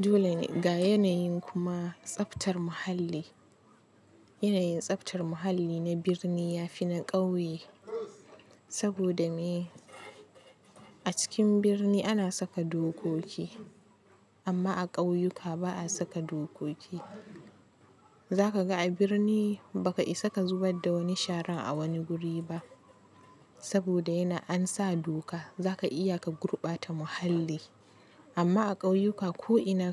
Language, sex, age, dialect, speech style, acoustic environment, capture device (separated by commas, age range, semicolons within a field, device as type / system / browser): Hausa, female, 25-34, Nigeria - Kano, relaxed, silence, mobile / Linux / Chrome